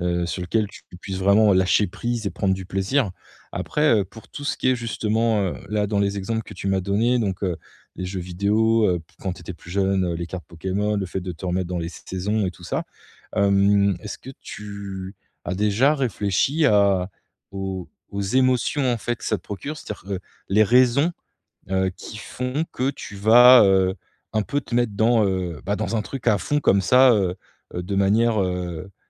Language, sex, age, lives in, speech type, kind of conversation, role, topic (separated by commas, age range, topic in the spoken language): French, male, 35-39, France, conversation, advice, advisor, Comment savoir si c’est un vrai besoin ou une envie passagère ?